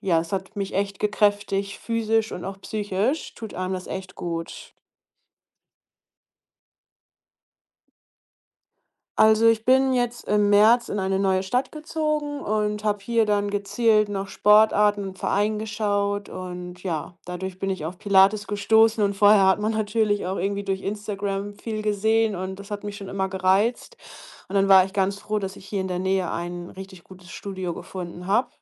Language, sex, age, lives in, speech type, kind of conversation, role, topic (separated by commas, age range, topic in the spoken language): German, female, 30-34, Germany, conversation, podcast, guest, Was ist dein liebstes Hobby?